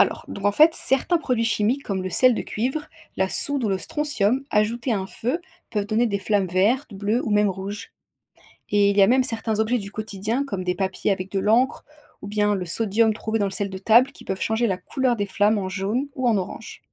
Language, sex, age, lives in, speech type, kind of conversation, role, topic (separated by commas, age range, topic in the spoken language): French, female, 25-29, France, read, expert-assistant, expert, Qu’est-ce qui rend le feu chaud et lumineux ?